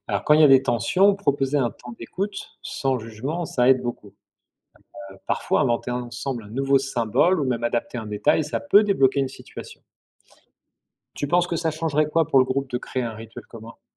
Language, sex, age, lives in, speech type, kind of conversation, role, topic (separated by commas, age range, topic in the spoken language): French, male, 45-49, France, read, expert-assistant, expert, Comment mixer des fêtes religieuses pour créer une nouvelle célébration commune ?